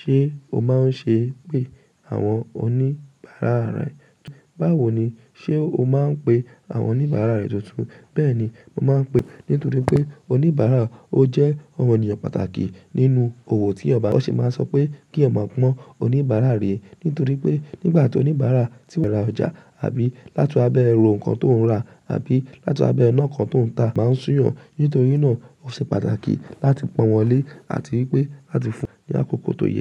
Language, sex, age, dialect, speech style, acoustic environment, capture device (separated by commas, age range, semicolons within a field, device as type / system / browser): Yoruba, female, 18-24, Nigeria - Lagos Yoruba, relaxed; happy, silence; nature, mobile / macOS / Safari